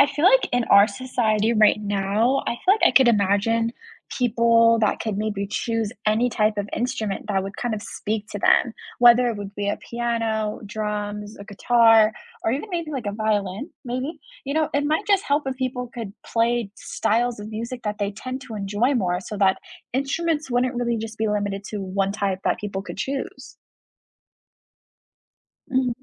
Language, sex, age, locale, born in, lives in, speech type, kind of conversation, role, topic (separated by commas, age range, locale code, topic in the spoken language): English, female, 20-24, en-US, United States, United States, read, expert-assistant, asker, Consider a society where everyone must learn a musical instrument.